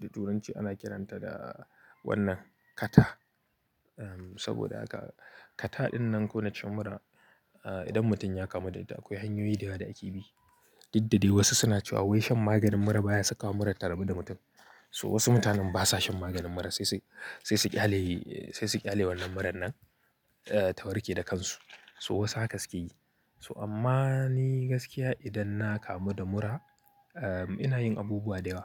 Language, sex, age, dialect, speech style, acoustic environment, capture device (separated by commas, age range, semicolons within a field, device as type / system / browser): Hausa, male, 25-34, Nigeria - Kano, happy; excited; anxious; nervous, people talking; nature, mobile / Linux / Chrome